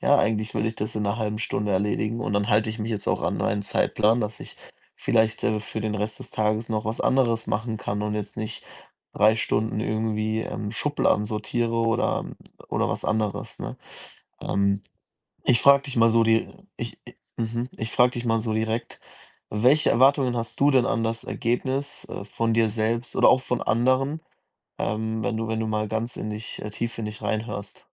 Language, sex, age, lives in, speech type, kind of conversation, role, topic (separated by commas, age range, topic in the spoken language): German, male, 20-24, Germany, conversation, advice, advisor, Wie hindert dich Perfektionismus daran, Aufgaben abzuschließen?